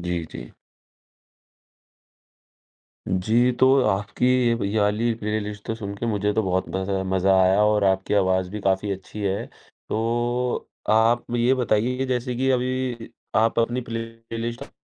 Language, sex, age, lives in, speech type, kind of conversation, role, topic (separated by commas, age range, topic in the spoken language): Hindi, male, 18-19, India, conversation, podcast, host, Kya tumne kabhi koi purana gaana naya-sa lagne par dhoondhkar apni playlist mein daala hai, aur kaunsa?